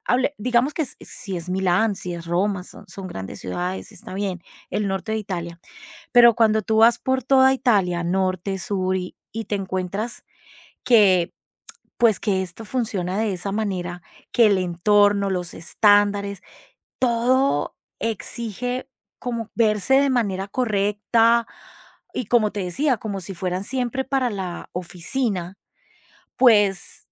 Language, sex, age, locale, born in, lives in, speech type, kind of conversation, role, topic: Spanish, female, 45-49, es-CO, Colombia, Italy, conversation, podcast, guest, ¿Sientes presión social para vestirte de cierta manera?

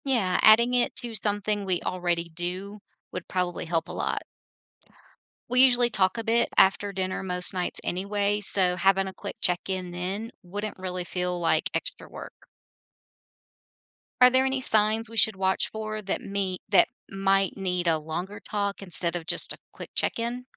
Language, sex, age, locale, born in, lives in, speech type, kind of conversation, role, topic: English, female, 50-54, en-US, United States, United States, read, expert-assistant, asker, How can couples ensure solutions meet both partners' needs?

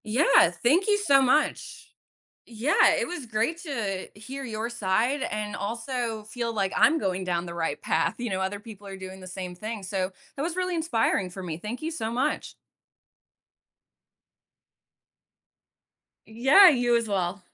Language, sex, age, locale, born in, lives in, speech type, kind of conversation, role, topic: English, female, 30-34, en-US, United States, United States, conversation, podcast, host, How do you stay motivated to exercise?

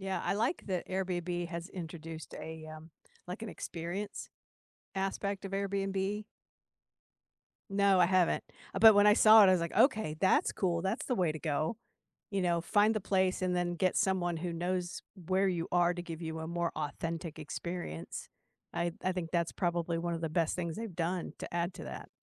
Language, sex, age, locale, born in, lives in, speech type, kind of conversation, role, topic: English, female, 60-64, en-US, United States, United States, conversation, unstructured, none, What’s the best way to meet new people while traveling?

